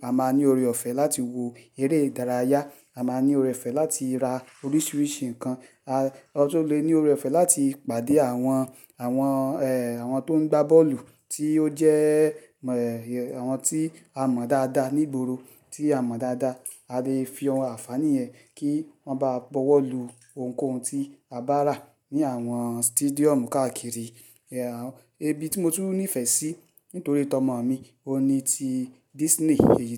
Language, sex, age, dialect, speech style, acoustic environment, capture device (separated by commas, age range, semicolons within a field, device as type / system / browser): Yoruba, male, 35-44, Nigeria - Lagos Yoruba, happy; excited; focused, silence, mobile / Linux / Chrome